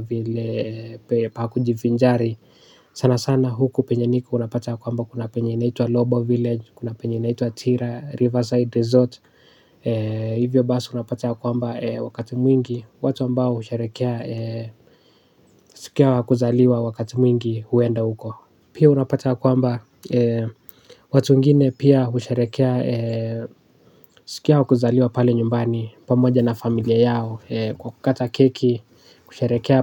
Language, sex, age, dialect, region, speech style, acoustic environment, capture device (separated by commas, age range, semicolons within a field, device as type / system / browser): Swahili, male, 18-24, Kenya - Nairobi Sheng-influenced, Kenya, relaxed; focused, silence, desktop / Linux / Chrome